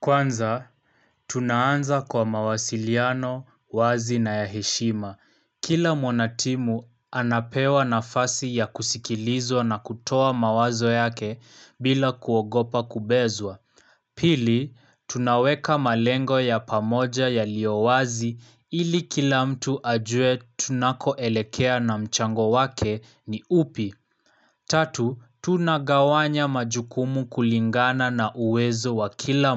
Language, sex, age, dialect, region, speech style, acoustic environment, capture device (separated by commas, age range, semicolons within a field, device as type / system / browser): Swahili, male, 18-24, Kenya - Nairobi Sheng-influenced, Kenya, relaxed; focused, appliances, mobile / Linux / Chrome